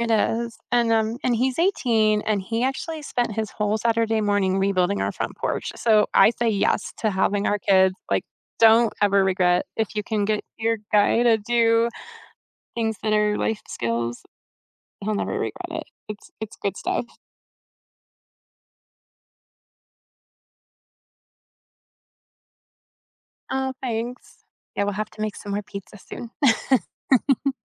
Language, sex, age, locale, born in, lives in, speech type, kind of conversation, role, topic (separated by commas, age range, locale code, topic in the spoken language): English, female, 50-54, en-US, United States, United States, conversation, unstructured, none, What are your must-have movie snacks, and what memories or rituals make them special?